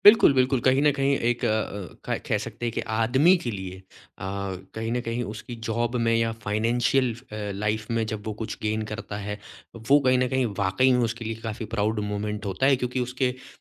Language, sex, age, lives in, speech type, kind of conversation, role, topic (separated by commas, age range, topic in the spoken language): Hindi, male, 25-29, India, conversation, podcast, host, Life ka sabse proud moment kya tha, aur woh itna khaas kyun tha?